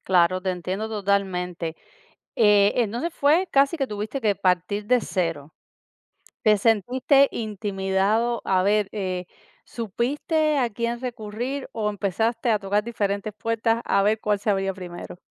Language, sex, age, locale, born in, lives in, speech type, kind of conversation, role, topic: Spanish, female, 40-44, es-CU, Cuba, United States, conversation, podcast, host, ¿Qué papel juega tu red de contactos cuando quieres cambiar de carrera?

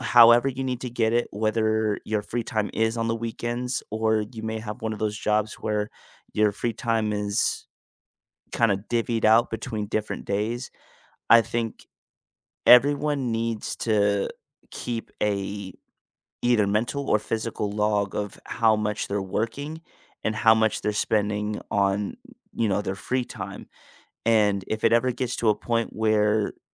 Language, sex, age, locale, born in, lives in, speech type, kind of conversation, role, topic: English, male, 25-29, en-US, United States, United States, conversation, unstructured, none, How do you balance work and personal life to feel at peace?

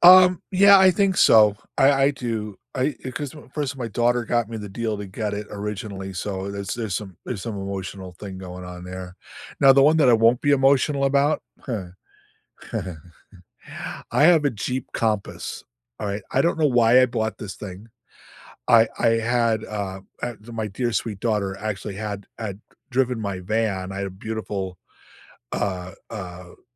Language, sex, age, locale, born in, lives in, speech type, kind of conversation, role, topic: English, male, 65-69, en-US, United States, United States, conversation, unstructured, none, Should you keep your old car longer or switch to a newer, more efficient one?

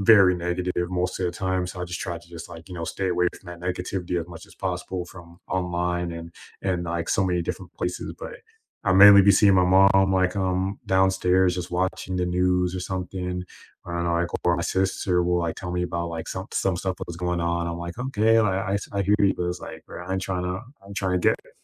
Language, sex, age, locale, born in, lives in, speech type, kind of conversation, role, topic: English, male, 25-29, en-US, United States, United States, conversation, unstructured, none, What are your favorite ways to keep up with local issues, and why?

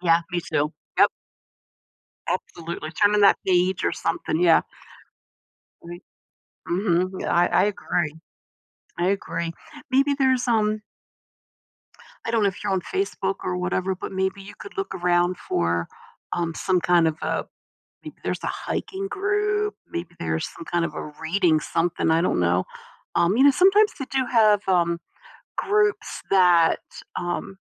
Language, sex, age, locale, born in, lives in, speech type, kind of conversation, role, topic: English, female, 60-64, en-US, United States, United States, conversation, advice, advisor, How can I deepen my friendships and build more meaningful connections?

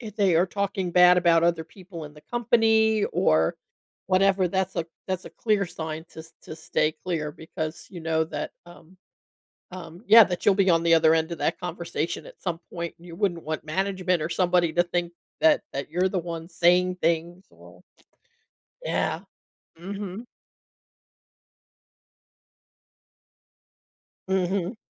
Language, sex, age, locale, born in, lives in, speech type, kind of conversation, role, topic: English, female, 60-64, en-US, United States, United States, conversation, podcast, host, What life lesson do you wish you had learned earlier?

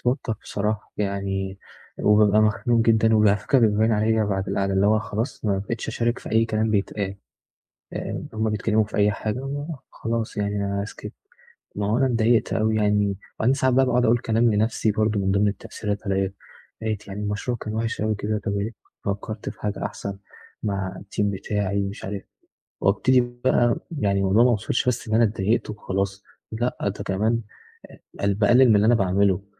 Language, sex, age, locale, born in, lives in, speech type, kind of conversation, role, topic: Arabic, male, 20-24, ar-EG, Egypt, Egypt, conversation, advice, user, إزاي أتعامل لو حد انتقدني قدّام الناس في مناسبة اجتماعية وده بيحرجني؟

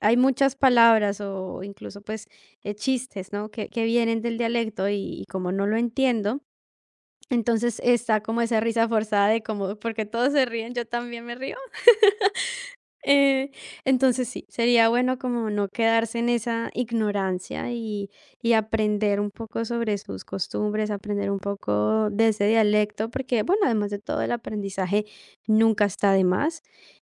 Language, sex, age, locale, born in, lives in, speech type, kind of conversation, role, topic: Spanish, female, 18-19, es-CO, Colombia, Italy, conversation, advice, user, ¿Cómo puedo sentirme parte de la comunidad mientras aprendo las costumbres y el idioma en una cultura extranjera?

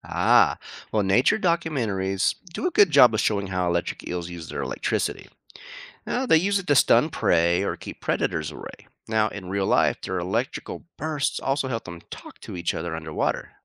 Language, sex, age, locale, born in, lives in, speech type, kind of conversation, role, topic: English, male, 45-49, en-US, United States, United States, read, expert-assistant, expert, How do electric eels generate electricity?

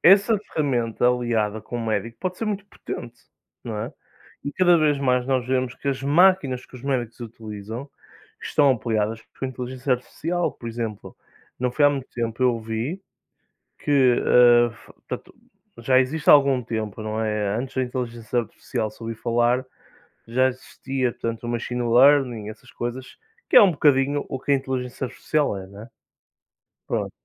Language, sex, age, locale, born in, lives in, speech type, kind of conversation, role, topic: Portuguese, male, 20-24, pt-PT, Portugal, Portugal, conversation, unstructured, none, A tecnologia ajuda ou atrapalha o aprendizado?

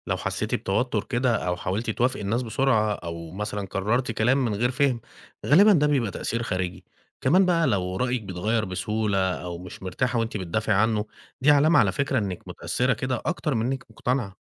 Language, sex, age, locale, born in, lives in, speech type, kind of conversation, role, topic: Arabic, male, 35-39, ar-EG, Egypt, Egypt, read, expert-assistant, expert, إزاي أقدر أكون واعي أكتر بتأثير الإعلام و السوشيال ميديا على آرائي السياسية و قراراتي؟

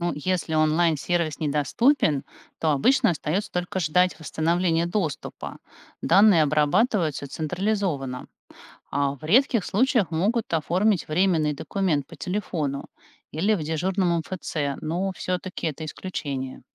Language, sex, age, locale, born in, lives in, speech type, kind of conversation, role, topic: Russian, female, 45-49, ru-RU, Russia, United States, read, expert-assistant, expert, Что хорошего и плохого в том, когда документы и справки можно получить через интернет, а не лично в госучреждении?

